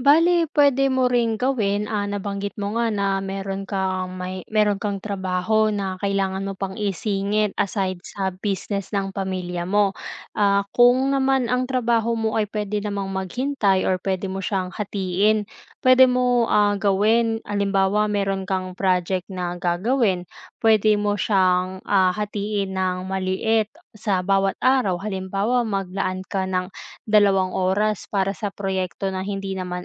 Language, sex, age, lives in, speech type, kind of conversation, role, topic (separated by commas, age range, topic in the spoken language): Filipino, female, 20-24, Philippines, conversation, advice, advisor, Paano ko mababalanse ang agarang mga gawain at ang pangmatagalang mga layunin?